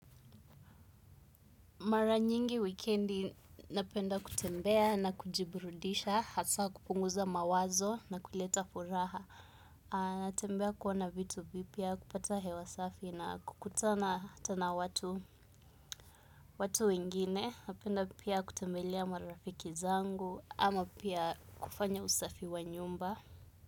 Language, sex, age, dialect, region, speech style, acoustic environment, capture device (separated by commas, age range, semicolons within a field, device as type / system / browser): Swahili, female, 25-34, Kenya - Nairobi Sheng-influenced, Kenya, relaxed, silence, desktop / Windows / Chrome